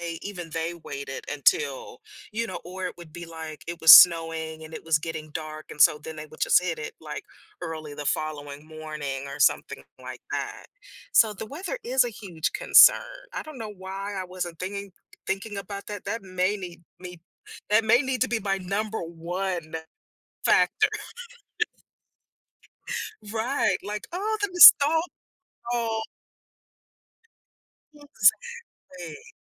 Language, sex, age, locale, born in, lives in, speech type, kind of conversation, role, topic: English, female, 50-54, en-US, United States, United States, conversation, advice, user, How should I approach a major life decision?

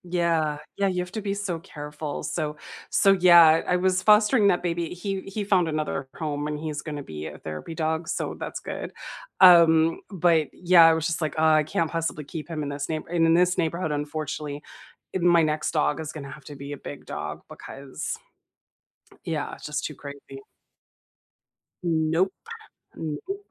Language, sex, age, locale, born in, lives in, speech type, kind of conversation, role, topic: English, female, 45-49, en-US, United States, United States, conversation, unstructured, none, What good stories have you heard in the news lately?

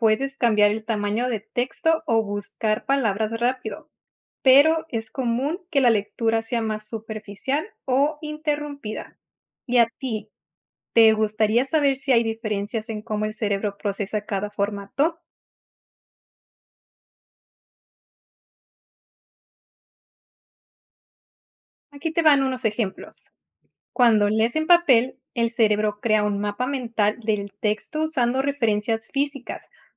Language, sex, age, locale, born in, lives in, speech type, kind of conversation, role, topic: Spanish, female, 30-34, es-MX, Mexico, United States, read, expert-assistant, expert, ¿La lectura desarrolla la imaginación?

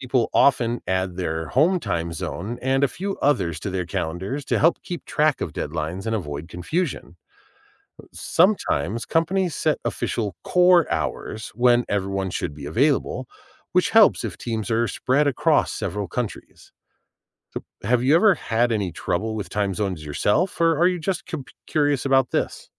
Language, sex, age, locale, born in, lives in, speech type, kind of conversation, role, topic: English, male, 40-44, en-US, United States, United States, read, expert-assistant, expert, Why does the world have different time zones?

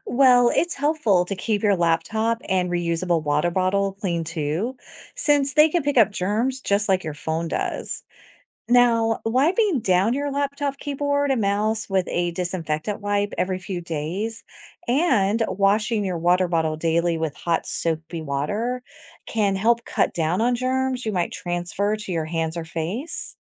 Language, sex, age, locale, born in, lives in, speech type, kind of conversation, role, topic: English, female, 45-49, en-US, United States, United States, read, expert-assistant, expert, How can you avoid getting sick during flu season?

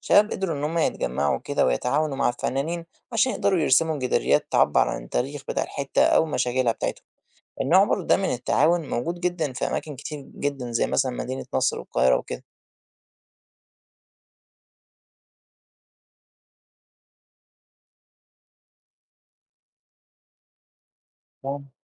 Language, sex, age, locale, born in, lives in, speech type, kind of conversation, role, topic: Arabic, male, 20-24, ar-EG, Egypt, Egypt, read, expert-assistant, expert, هل تفتكر الجداريات المنتشرة في شوارع القاهرة ليها تأثير مجتمعي أكبر من المعارض الفنية التقليدية؟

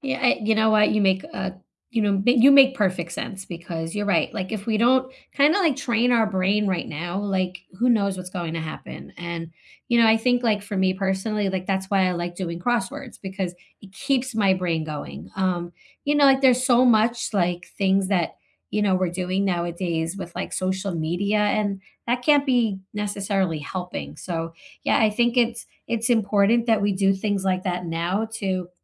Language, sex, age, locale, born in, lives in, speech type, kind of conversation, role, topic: English, female, 45-49, en-US, United States, United States, conversation, unstructured, none, What is your favorite way to spend a quiet evening, and how does it help you recharge?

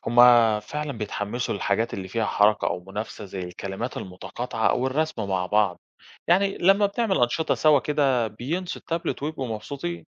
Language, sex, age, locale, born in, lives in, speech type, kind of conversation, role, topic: Arabic, male, 30-34, ar-EG, Egypt, Greece, read, expert-assistant, asker, هل استخدام التابلت والأجهزة الذكية في الفصول فعلاً بيحسّن مستوى التعليم ولا فيه مخاطر أو سلبيات لازم نخلي بالنا منها؟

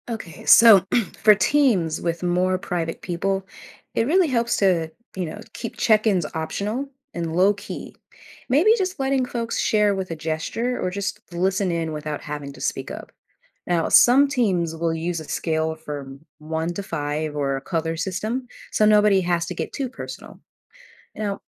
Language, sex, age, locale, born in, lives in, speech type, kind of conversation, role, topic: English, female, 30-34, en-US, United States, United States, read, expert-assistant, expert, How can emotional intelligence be improved in daily interactions?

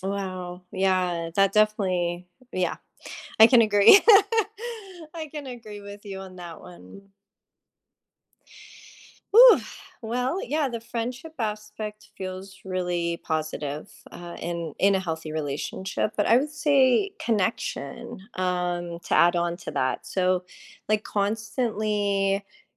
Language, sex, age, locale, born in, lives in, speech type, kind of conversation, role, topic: English, female, 35-39, en-US, United States, United States, conversation, unstructured, none, What helps siblings build strong and lasting connections over time?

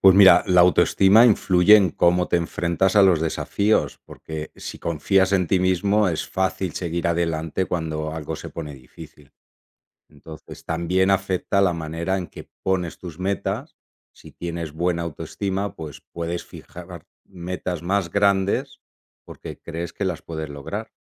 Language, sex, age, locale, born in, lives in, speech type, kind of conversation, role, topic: Spanish, male, 45-49, es-ES, Spain, Italy, read, expert-assistant, expert, ¿Cómo influye la autoestima en el logro de objetivos?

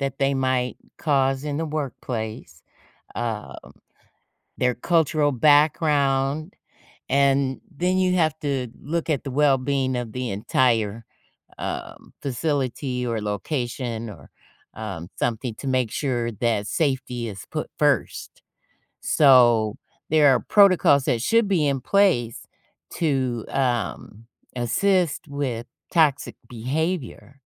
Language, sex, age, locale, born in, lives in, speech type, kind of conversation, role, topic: English, female, 55-59, en-US, United States, United States, conversation, podcast, guest, How do workplace challenges affect employees and what can be done to address them?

